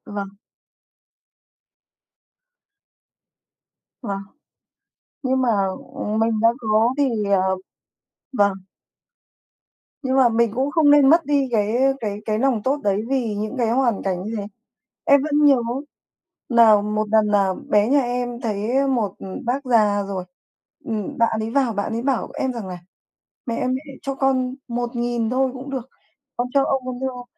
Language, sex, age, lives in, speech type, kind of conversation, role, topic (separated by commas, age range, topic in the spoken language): Vietnamese, male, 30-34, Vietnam, conversation, unstructured, none, Bạn có nghĩ rằng lòng tốt rất quan trọng không, và vì sao?